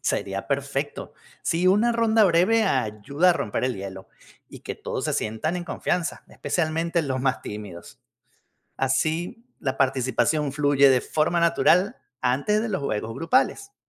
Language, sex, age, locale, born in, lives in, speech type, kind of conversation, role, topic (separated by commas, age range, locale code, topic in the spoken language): Spanish, male, 50-54, es-VE, Venezuela, United States, read, expert-assistant, expert, ¿Cuál es una buena manera de reunir a la familia o amigos para crear arte popular juntos?